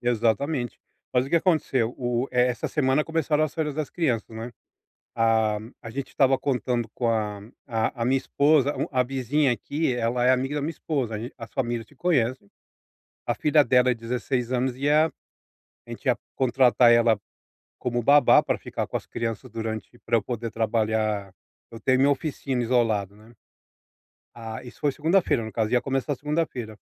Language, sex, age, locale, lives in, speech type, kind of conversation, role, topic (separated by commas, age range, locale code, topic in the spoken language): Portuguese, male, 40-44, pt-BR, United States, conversation, advice, user, Como posso modificar hábitos e rotinas que ativam gatilhos?